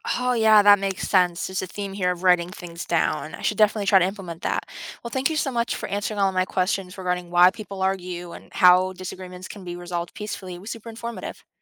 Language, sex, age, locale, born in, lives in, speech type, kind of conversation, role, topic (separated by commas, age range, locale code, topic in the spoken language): English, female, 18-19, en-US, United States, United States, read, expert-assistant, asker, Why do people argue, and how can disagreements be resolved peacefully?